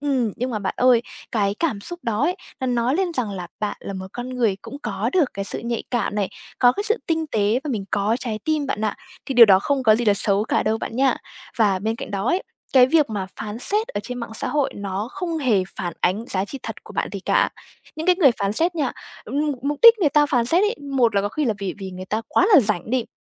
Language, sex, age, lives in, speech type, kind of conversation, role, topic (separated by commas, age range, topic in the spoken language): Vietnamese, female, 20-24, Vietnam, conversation, advice, advisor, Bạn sợ bị người khác phán xét trên mạng xã hội như thế nào?